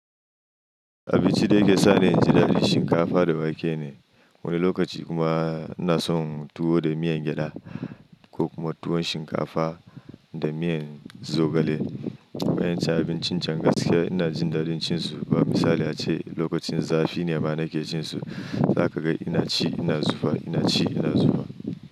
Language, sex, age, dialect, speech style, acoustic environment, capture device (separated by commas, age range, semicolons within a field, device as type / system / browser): Hausa, male, 25-34, Nigeria - Nigerian Standard, happy, silence; nature, mobile / Linux / Chrome